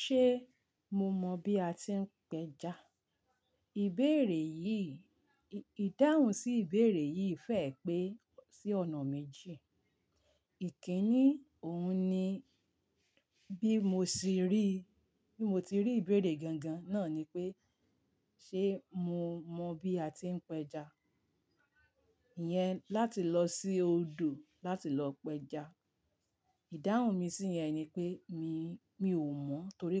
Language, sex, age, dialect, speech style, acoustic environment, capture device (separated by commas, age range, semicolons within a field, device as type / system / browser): Yoruba, female, 45-54, Nigeria - Ibadan, relaxed, silence; nature, mobile / Linux / Chrome